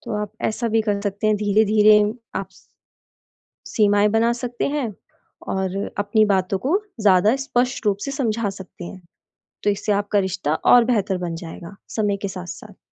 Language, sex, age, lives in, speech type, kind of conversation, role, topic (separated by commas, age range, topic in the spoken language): Hindi, female, 25-29, India, conversation, advice, advisor, मैं नए रिश्ते में सही सीमाएँ कैसे तय करूँ?